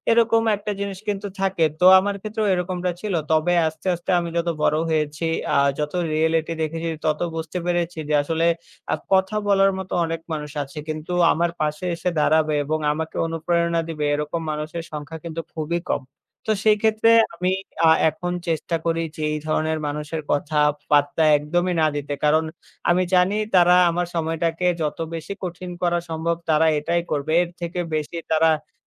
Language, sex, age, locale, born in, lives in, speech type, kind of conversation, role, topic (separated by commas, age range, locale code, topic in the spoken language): Bengali, male, 20-24, bn-BD, Bangladesh, Bangladesh, conversation, podcast, guest, আপনার কাছে ব্যর্থতার সবচেয়ে বড় লাভ কী ছিল?